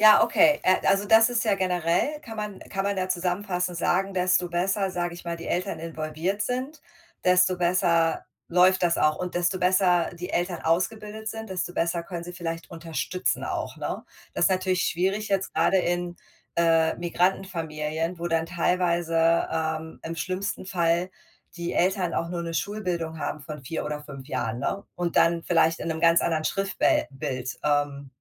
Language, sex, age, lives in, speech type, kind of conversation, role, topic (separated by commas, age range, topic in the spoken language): German, female, 40-44, United States, conversation, unstructured, none, Wie viel Leistungsdruck ist in der Schule zu viel?